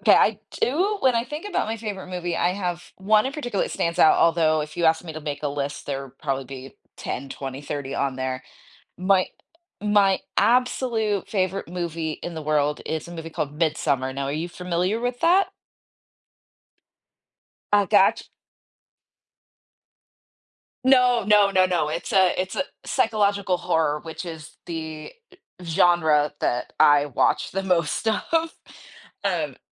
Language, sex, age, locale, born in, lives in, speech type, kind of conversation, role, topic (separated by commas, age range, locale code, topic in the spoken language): English, female, 40-44, en-US, United States, United States, conversation, podcast, guest, How can a movie leave a lasting impact on your life or perspective?